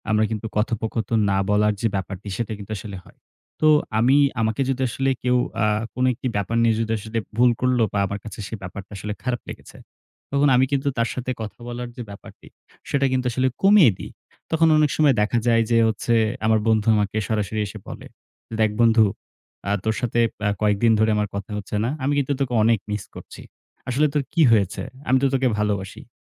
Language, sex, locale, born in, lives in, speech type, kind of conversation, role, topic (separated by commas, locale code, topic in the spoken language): Bengali, male, bn-BD, Bangladesh, Bangladesh, conversation, podcast, guest, কোনো ভুল হলে আপনি কীভাবে ক্ষমা চান?